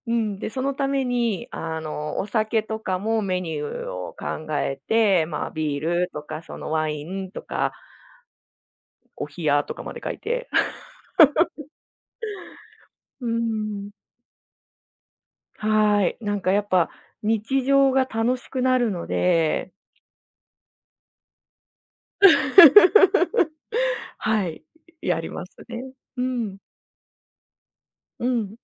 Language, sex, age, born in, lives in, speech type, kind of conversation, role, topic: Japanese, female, 35-39, Japan, Japan, conversation, podcast, guest, 日常生活に遊び心を取り入れるには、どうすればいいですか？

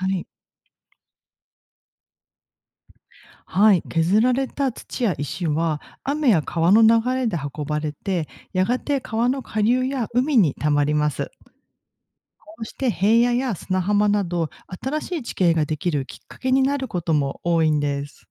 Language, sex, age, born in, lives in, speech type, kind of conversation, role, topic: Japanese, female, 40-44, Japan, Japan, read, expert-assistant, expert, なぜ山はできるのか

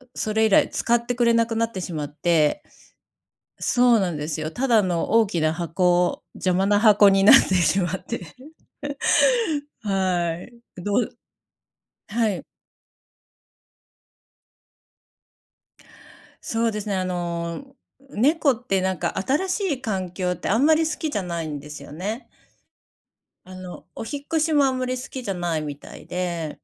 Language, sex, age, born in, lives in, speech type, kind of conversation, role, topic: Japanese, female, 55-59, Japan, Japan, conversation, unstructured, none, 疲れたとき、どのようにして気分転換しますか？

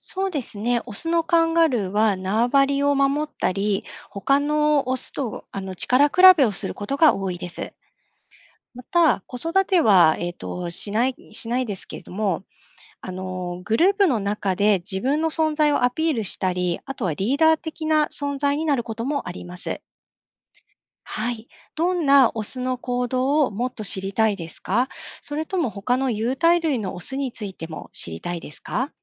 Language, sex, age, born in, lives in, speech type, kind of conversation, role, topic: Japanese, female, 45-49, Japan, Japan, read, expert-assistant, expert, なぜカンガルーはお腹に袋があるのか？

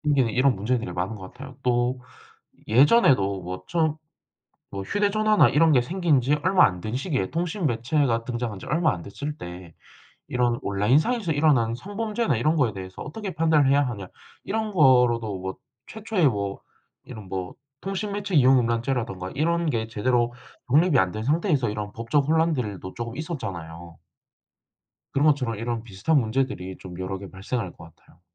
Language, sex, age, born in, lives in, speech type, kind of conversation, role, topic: Korean, male, 18-19, South Korea, South Korea, conversation, unstructured, none, 최근 기술 발전이 우리 삶에 주는 장점과 단점은 무엇일까요?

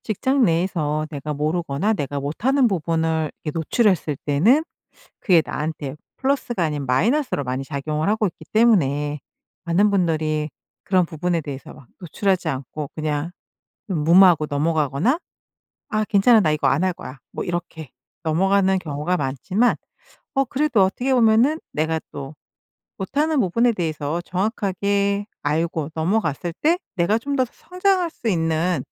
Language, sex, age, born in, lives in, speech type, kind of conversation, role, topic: Korean, female, 50-54, South Korea, United States, conversation, podcast, host, 지금 하시는 일이 당신에게 어떤 의미인가요?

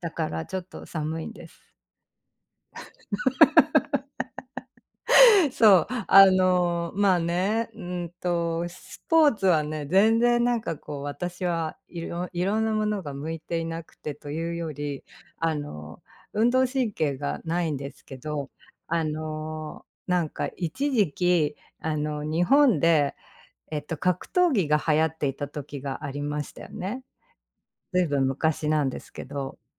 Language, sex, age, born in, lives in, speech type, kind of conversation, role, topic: Japanese, female, 45-49, Japan, United States, conversation, unstructured, none, スポーツの試合で最も感動した瞬間はいつですか？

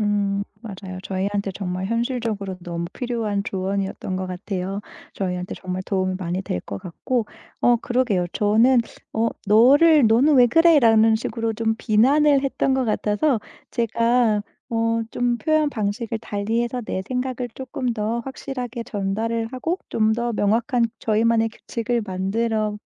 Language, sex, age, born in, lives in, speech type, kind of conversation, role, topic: Korean, female, 35-39, South Korea, Germany, conversation, advice, user, 배우자의 소비 습관 때문에 자주 다투는 이유는 무엇인가요?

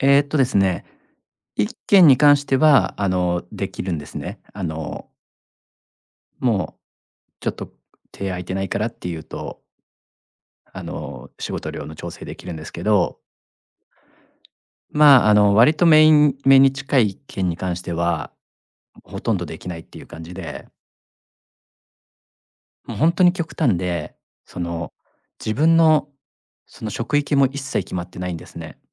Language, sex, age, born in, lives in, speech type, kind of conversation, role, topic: Japanese, male, 40-44, Japan, Japan, conversation, advice, user, 仕事量が多すぎて燃え尽きそうだと感じている状況を教えていただけますか?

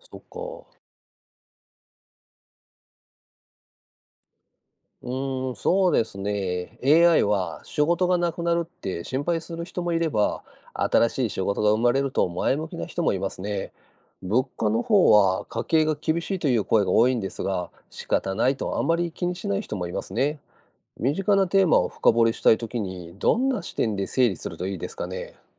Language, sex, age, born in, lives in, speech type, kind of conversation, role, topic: Japanese, male, 50-54, Japan, Japan, read, expert-assistant, asker, AIはどのように仕事や情報の選び方を変えているのですか？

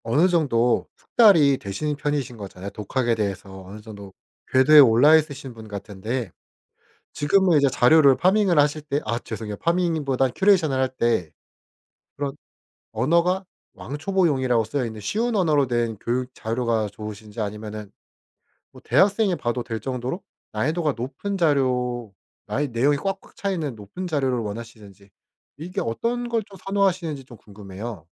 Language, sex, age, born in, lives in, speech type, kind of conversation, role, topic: Korean, male, 25-29, South Korea, South Korea, conversation, podcast, host, 독학할 때 학습 자료를 어떻게 골라 모아 정리하시나요?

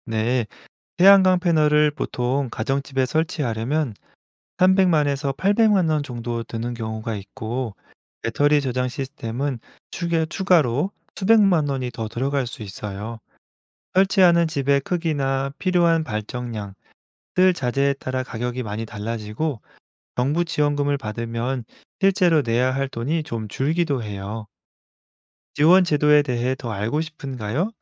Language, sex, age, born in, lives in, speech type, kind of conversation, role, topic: Korean, male, 35-39, South Korea, France, read, expert-assistant, expert, 재생에너지가 환경 보호에 기여하는 방법은 무엇인가요?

